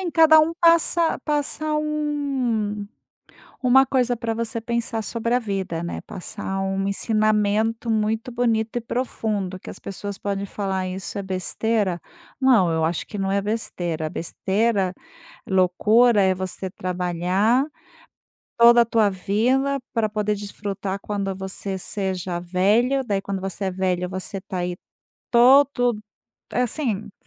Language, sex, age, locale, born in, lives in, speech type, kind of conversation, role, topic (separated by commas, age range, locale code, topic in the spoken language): Portuguese, female, 50-54, pt-BR, Brazil, Spain, conversation, podcast, host, Que livro você recomenda para quem quer fugir da rotina e refletir?